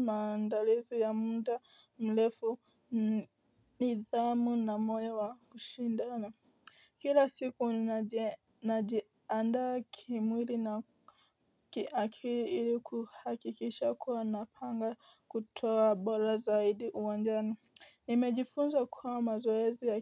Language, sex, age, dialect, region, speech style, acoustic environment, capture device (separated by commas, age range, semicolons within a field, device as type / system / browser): Swahili, female, 18-24, Kenya - Nairobi Sheng-influenced, Kenya, tired, nature, mobile / Linux / Chrome